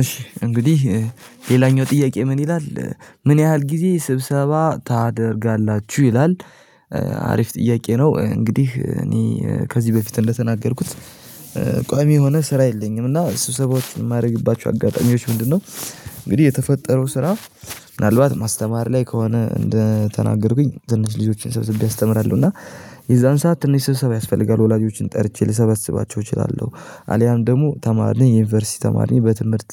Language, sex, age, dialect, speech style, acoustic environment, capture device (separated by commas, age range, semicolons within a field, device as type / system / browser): Amharic, male, 18-24, Ethiopia - Addis Ababa, happy, silence, mobile / Linux / Chrome